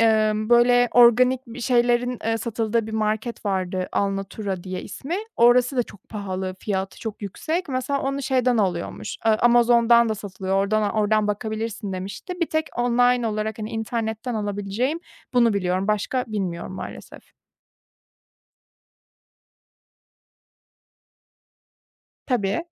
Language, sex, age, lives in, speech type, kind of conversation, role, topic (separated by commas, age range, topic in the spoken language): Turkish, female, 30-34, Germany, conversation, advice, user, Bütçemle uyumlu sağlıklı yemekler bulmaya nereden başlamalıyım?